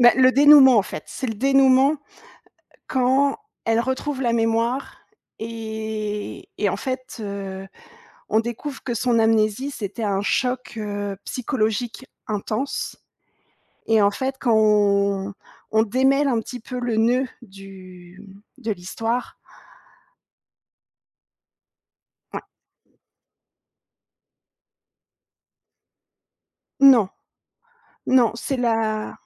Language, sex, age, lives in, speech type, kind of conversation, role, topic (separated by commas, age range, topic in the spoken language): French, female, 30-34, France, conversation, podcast, guest, Quel livre ou quel film t’a bouleversé, et pourquoi ?